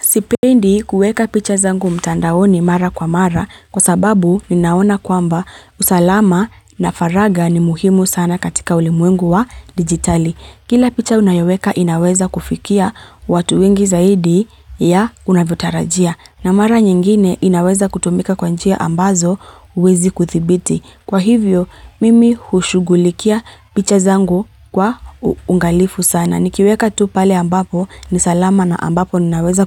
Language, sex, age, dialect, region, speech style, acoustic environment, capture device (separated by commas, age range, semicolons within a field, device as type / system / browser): Swahili, female, 25-34, Kenya - Nairobi Sheng-influenced, Kenya, happy, nature, mobile / Linux / Chrome